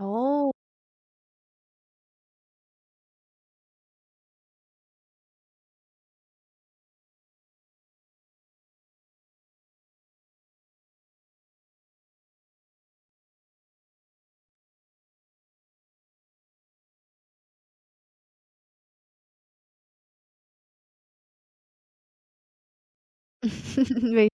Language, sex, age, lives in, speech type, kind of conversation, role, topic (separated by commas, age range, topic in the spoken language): Vietnamese, female, 20-24, Vietnam, conversation, advice, advisor, Bạn nên làm gì khi đối mặt với đồng nghiệp muốn cướp công lao của mình?